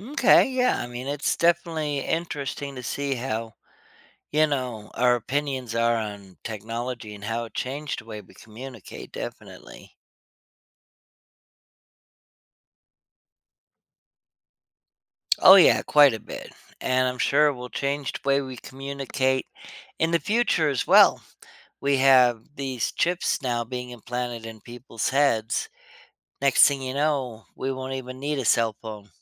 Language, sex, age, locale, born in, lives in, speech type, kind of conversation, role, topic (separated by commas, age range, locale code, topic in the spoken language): English, male, 40-44, en-US, United States, United States, conversation, podcast, guest, In what ways has technology influenced our ability to connect with others?